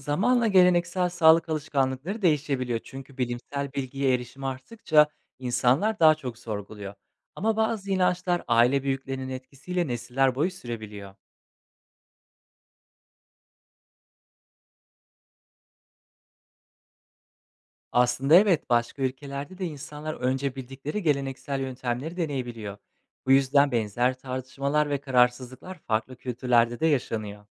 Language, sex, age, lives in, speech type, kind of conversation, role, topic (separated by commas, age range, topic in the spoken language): Turkish, male, 25-29, Poland, read, expert-assistant, expert, Bir toplumda sağlıkla ilgili geleneksel bir inanışın günlük yaşamdaki etkisine örnek gösterebilir misiniz?